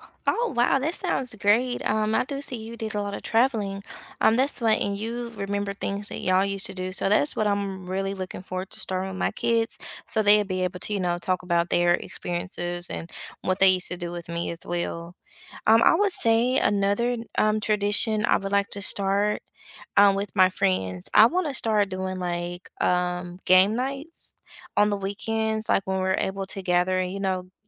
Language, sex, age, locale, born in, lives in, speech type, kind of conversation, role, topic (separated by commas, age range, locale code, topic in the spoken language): English, female, 35-39, en-US, United States, United States, conversation, unstructured, none, What new tradition would you love to start with friends or family to deepen your connection?